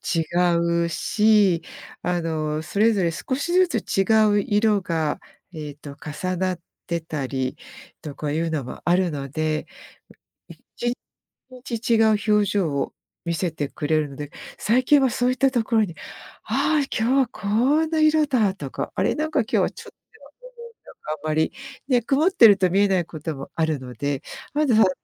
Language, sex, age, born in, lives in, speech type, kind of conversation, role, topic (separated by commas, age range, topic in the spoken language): Japanese, female, 55-59, Japan, United States, conversation, podcast, guest, 日常で小さな幸せを感じるのはどんなときですか？